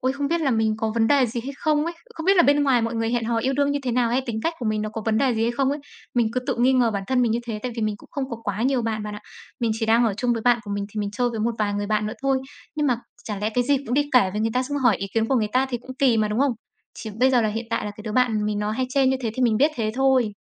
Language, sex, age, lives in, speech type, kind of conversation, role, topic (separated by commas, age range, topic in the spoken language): Vietnamese, female, 25-29, Vietnam, conversation, advice, user, Bạn nên làm gì khi bạn thân chê tính cách của bạn khiến bạn buồn bã?